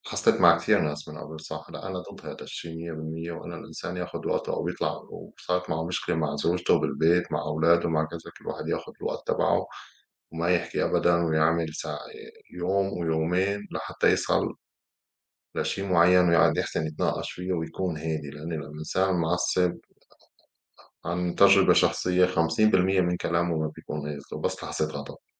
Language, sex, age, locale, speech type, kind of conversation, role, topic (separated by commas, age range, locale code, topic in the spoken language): Arabic, male, 35-39, ar-SY, conversation, unstructured, none, إيه الطرق اللي بتستخدمها عشان تهدي الأمور وقت الخِناق؟